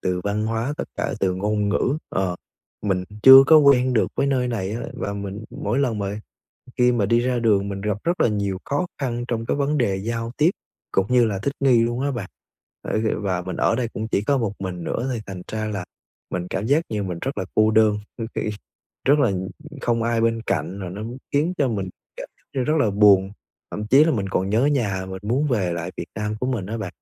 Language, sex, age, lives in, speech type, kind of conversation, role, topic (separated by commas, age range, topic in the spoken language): Vietnamese, male, 20-24, Vietnam, conversation, advice, user, Làm sao để hiểu và hòa nhập với văn hóa địa phương?